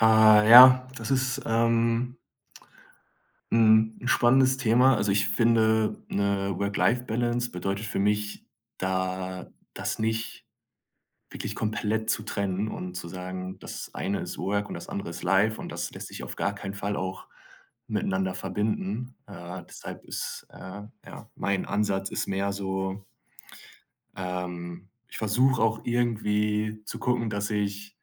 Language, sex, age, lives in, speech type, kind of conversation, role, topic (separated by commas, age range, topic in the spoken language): German, male, 25-29, Germany, conversation, podcast, guest, Was bedeutet für dich konkret eine gute Balance zwischen Arbeit und Privatleben?